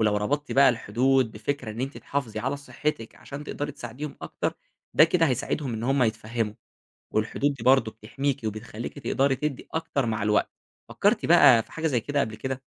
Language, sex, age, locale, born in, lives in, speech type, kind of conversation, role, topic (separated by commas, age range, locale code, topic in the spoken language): Arabic, male, 20-24, ar-EG, Egypt, Egypt, read, expert-assistant, expert, إزاي أقدر أوازن بين مساعدة الناس وحماية صحتي النفسية في مجال الخدمة الاجتماعية؟